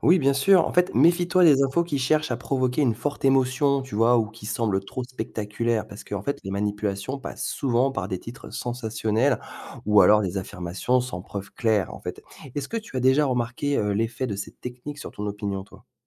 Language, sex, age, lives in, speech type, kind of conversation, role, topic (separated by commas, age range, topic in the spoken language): French, male, 40-44, France, read, expert-assistant, expert, Peut-on vraiment faire confiance aux informations partagées sur les conflits internationaux ?